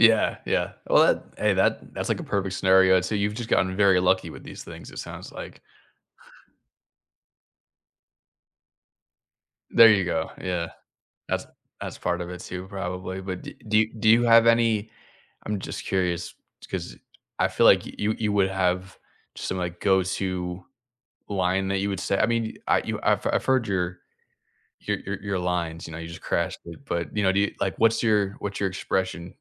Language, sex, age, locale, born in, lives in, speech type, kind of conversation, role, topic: English, male, 30-34, en-US, United States, United States, conversation, unstructured, none, How do you recover from a small social mishap in a way that keeps things comfortable?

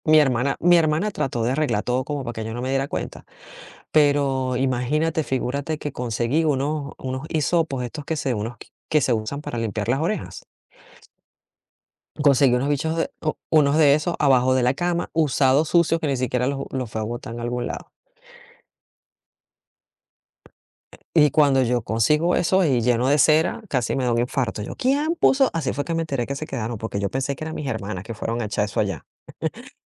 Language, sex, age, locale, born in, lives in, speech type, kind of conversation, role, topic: Spanish, female, 45-49, es-VE, Venezuela, United States, conversation, podcast, guest, ¿Cómo puedes expresar tus límites sin ofender a los demás?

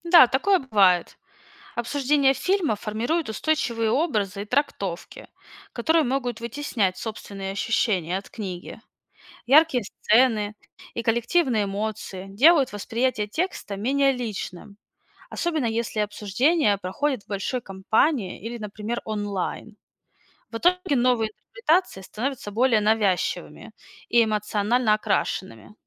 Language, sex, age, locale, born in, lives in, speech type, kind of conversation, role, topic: Russian, female, 30-34, ru-RU, Russia, United States, read, expert-assistant, expert, Как меняется впечатление от книги после знакомства с разными мнениями о ней?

